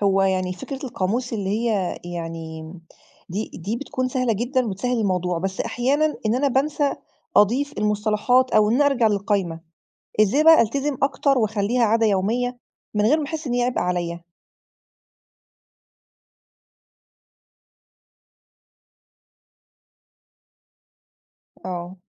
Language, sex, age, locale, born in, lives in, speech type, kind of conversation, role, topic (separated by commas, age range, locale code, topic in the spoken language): Arabic, female, 35-39, ar-EG, Egypt, Egypt, read, expert-assistant, asker, إزاي أرتب المعلومات اللي بتعلمها عشان أستفيد منها أكتر وأفتكرها بسهولة؟